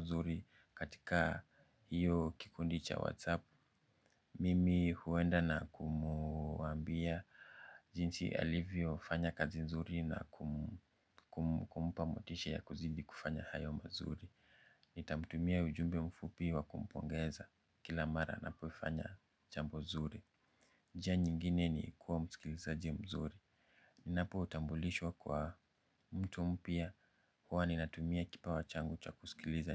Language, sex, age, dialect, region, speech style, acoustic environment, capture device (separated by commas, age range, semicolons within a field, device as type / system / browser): Swahili, male, 25-34, Kenya - Nairobi Sheng-influenced, Kenya, focused, silence, mobile / Linux / Chrome